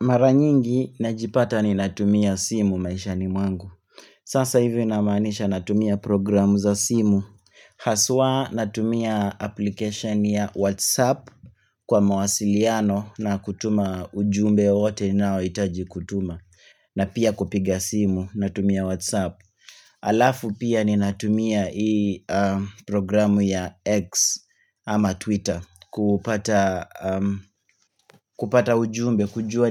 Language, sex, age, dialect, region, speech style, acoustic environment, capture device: Swahili, male, 25-34, Kenya - Nairobi Sheng-influenced, Kenya, relaxed, silence, mobile / Linux / Chrome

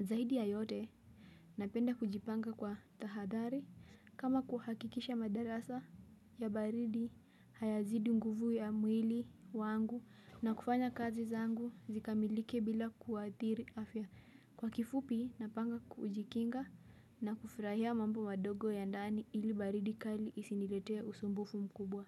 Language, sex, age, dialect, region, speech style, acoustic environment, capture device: Swahili, female, 18-24, Kenya - Mombasa, Kenya, relaxed, silence, mobile / Linux / Chrome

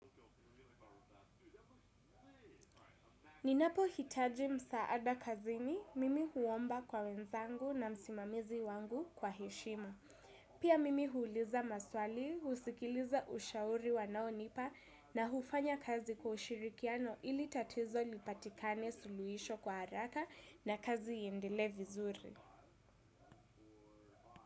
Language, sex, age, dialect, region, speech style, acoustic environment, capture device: Swahili, female, 18-24, Kenya - Nairobi Sheng-influenced, Kenya, focused, silence, desktop / Windows / Chrome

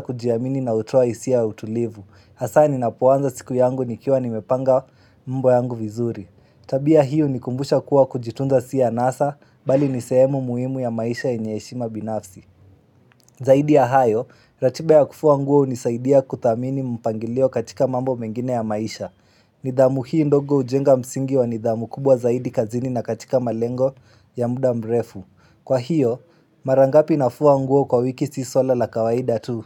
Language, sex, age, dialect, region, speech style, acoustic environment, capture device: Swahili, male, 18-24, Kenya - Nairobi Sheng-influenced, Kenya, relaxed, silence, mobile / Linux / Chrome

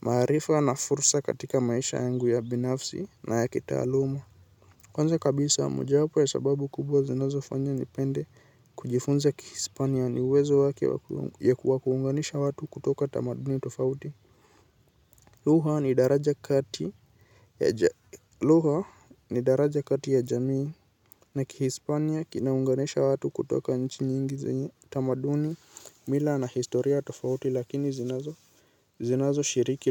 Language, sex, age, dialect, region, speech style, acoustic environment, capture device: Swahili, male, 18-24, Kenya - Nairobi Sheng-influenced, Kenya, anxious; excited, nature, mobile / Linux / Chrome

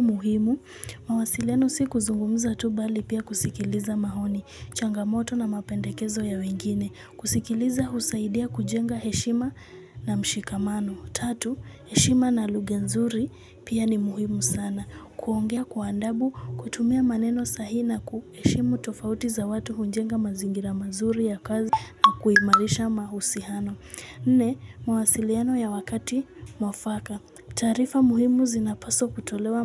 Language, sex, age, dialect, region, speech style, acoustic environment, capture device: Swahili, female, 18-24, Kenya - Nairobi Sheng-influenced, Kenya, focused; excited, people talking; music; nature, mobile / Linux / Chrome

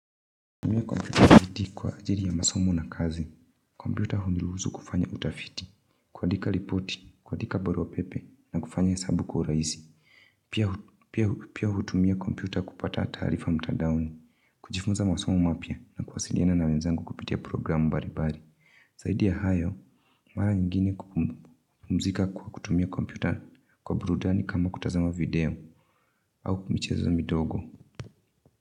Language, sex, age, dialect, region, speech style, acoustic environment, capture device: Swahili, female, 25-34, Kenya - Nairobi Sheng-influenced, Kenya, relaxed, silence, mobile / Linux / Chrome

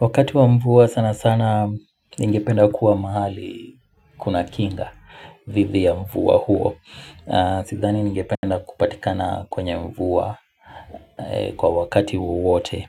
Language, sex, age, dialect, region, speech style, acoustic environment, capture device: Swahili, male, 25-34, Kenya - Mombasa, Kenya, relaxed, music, mobile / Linux / Chrome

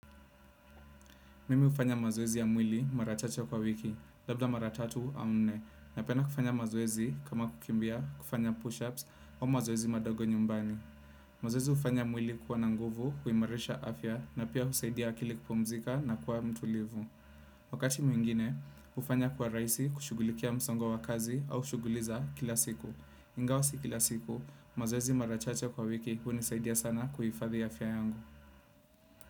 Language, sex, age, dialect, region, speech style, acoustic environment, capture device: Swahili, male, 25-34, Kenya - Nairobi Sheng-influenced, Kenya, focused; relaxed; happy, nature; silence, desktop / Windows / Chrome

